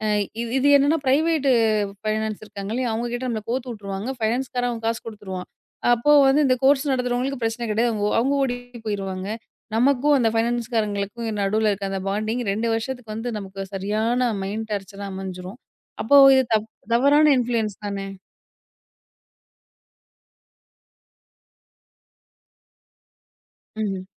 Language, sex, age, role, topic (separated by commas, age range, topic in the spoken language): Tamil, female, 30-34, guest, செல்வாக்காளர்கள் உருவாக்கும் பண்பாடு இன்று இணையப் பயனர்களின் வாழ்க்கையை எவ்வாறு மாற்றியுள்ளது?